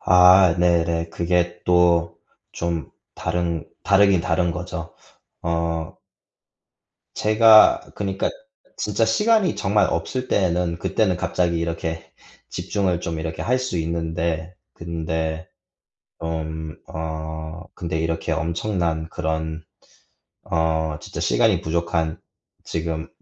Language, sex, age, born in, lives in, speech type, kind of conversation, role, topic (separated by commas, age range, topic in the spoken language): Korean, male, 25-29, United States, United States, conversation, advice, user, 집중력과 기억력이 떨어져 일을 처리하기 어려운 상황을 어떻게 설명해 주시겠어요?